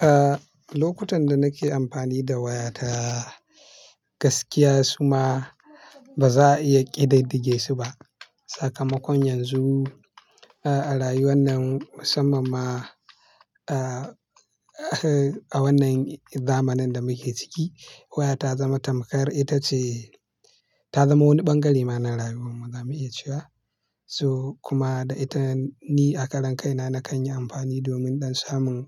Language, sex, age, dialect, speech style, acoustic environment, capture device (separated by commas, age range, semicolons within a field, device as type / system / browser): Hausa, male, 25-34, Nigeria - Kano, tired; relaxed; focused; excited, silence, mobile / Linux / Chrome